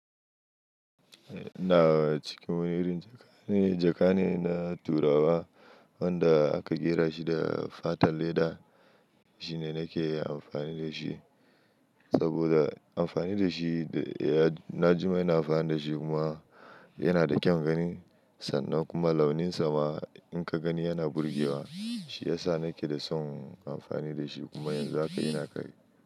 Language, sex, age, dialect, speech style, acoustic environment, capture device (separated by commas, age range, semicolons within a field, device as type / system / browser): Hausa, male, 25-34, Nigeria - Kano, happy, silence; nature, mobile / Linux / Chrome